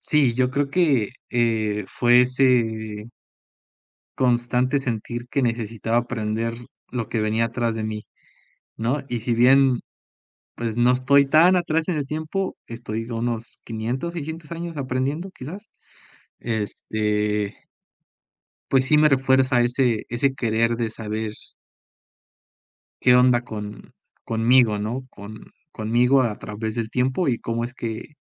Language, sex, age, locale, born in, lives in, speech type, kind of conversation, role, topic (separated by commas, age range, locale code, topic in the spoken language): Spanish, male, 25-29, es-MX, Mexico, Mexico, conversation, podcast, guest, ¿Qué pasatiempo de tu infancia te gustaría retomar y por qué?